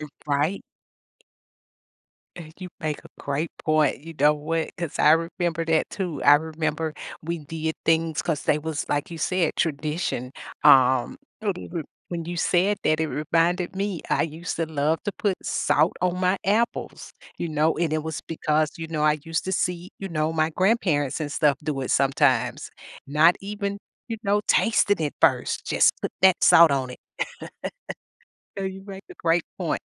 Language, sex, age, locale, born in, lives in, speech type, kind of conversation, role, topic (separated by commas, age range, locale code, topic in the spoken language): English, female, 50-54, en-US, United States, United States, conversation, podcast, host, How do your experiences shape your approach to health and well-being?